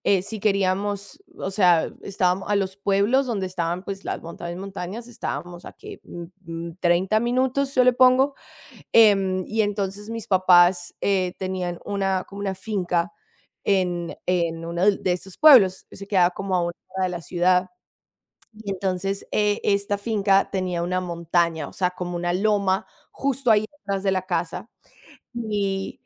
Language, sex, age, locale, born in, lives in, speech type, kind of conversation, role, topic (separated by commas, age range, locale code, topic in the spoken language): Spanish, female, 25-29, es-CO, Colombia, United States, conversation, unstructured, none, ¿Cuál es tu recuerdo más feliz de la infancia?